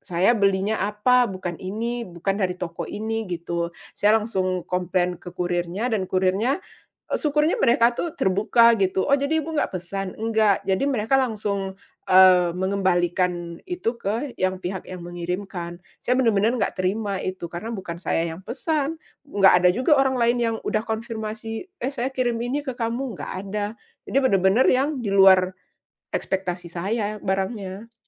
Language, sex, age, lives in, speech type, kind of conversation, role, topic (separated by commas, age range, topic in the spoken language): Indonesian, female, 35-39, Indonesia, conversation, podcast, guest, Apa pendapatmu tentang belanja daring dibandingkan belanja langsung?